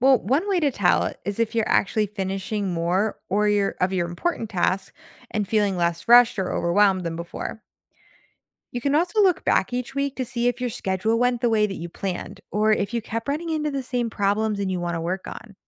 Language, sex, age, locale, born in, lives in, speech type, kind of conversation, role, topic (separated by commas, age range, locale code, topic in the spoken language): English, female, 25-29, en-US, United States, United States, read, expert-assistant, expert, Why is it important to manage time wisely?